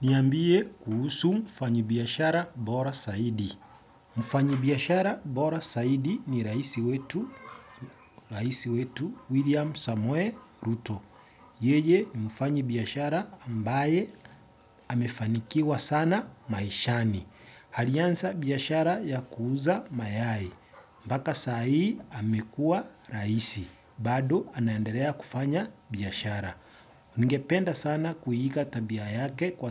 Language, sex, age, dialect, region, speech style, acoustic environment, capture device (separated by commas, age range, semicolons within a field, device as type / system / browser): Swahili, male, 25-34, Kenya - Nairobi Sheng-influenced, Kenya, happy, silence, desktop / Windows / Edge